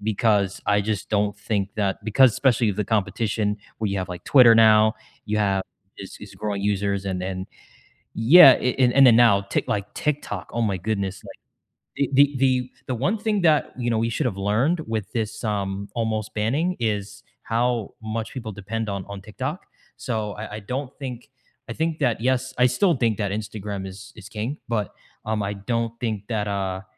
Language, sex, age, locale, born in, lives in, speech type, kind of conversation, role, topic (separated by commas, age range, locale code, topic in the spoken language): English, male, 25-29, en-US, United States, United States, conversation, unstructured, none, How has the rise of social media changed the way young people experience bullying?